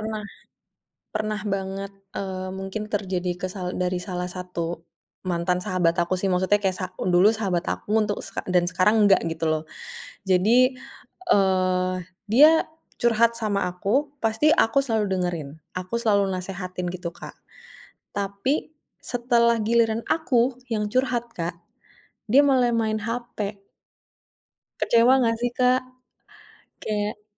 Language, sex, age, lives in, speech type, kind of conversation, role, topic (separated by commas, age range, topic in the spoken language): Indonesian, female, 30-34, Indonesia, conversation, podcast, guest, Kamu lebih suka obrolan yang mendalam atau basa-basi yang ringan?